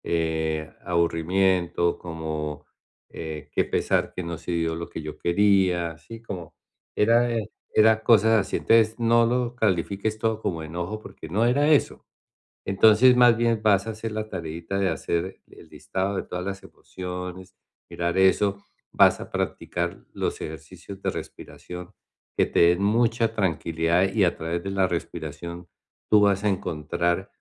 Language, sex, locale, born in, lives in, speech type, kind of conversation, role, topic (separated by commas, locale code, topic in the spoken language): Spanish, male, es-CO, Colombia, United States, conversation, advice, advisor, ¿Cómo puedo identificar y ponerle nombre a lo que siento en momentos difíciles?